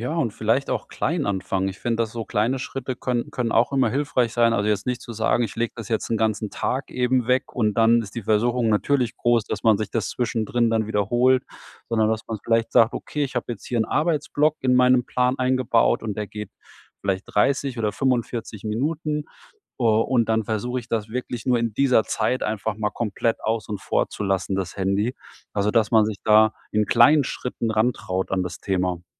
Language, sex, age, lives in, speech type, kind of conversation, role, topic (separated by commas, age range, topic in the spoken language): German, male, 45-49, Germany, conversation, advice, advisor, Wie kann ich Routinen und feste Zeitblöcke einführen, um produktiver und fokussierter zu sein?